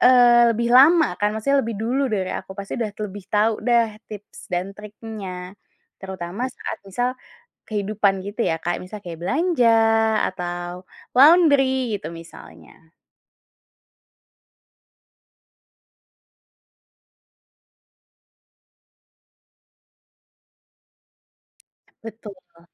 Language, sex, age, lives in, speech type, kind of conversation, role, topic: Indonesian, female, 20-24, Indonesia, conversation, podcast, guest, Bagaimana cara berbaur dengan warga setempat saat bepergian?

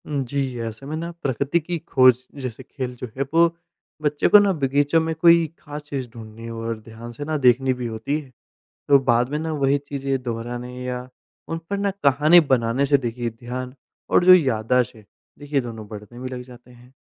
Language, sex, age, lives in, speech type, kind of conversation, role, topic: Hindi, male, 25-29, India, read, expert-assistant, expert, अगर हम किसी एक दिमागी क्षमता (जैसे एकाग्रता या कल्पना) को मज़ेदार खेलों के ज़रिए बढ़ाना चाहें, तो क्या अनोखे आइडिया हो सकते हैं?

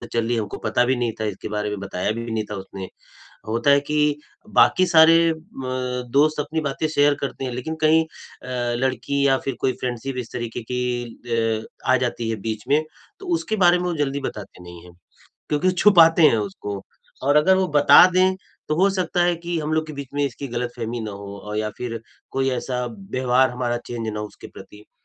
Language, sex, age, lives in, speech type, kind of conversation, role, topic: Hindi, male, 45-49, India, conversation, advice, user, मैं अपने और अपने दोस्त के बीच हुई गलतफहमी को व्यावहारिक तरीके से कैसे दूर करूँ?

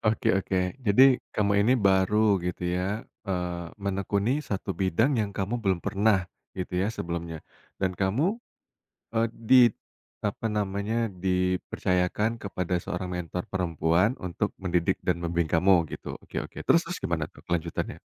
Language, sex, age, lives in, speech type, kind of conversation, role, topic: Indonesian, male, 35-39, Indonesia, conversation, podcast, host, Bagaimana cara menentukan batas antara mentor dan teman?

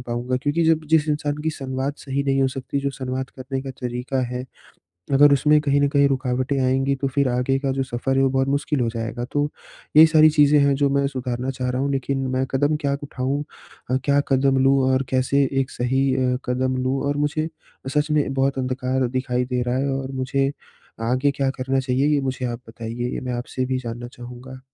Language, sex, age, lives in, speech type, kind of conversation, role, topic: Hindi, male, 20-24, India, conversation, advice, user, मैं अपना संदेश स्पष्ट और संक्षिप्त कैसे रख सकता/सकती हूँ?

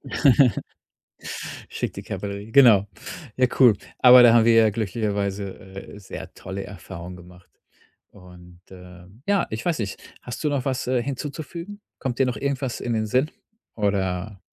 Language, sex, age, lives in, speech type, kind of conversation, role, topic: German, male, 35-39, Germany, conversation, podcast, host, Wurdest du schon einmal von Fremden eingeladen, und wie war das?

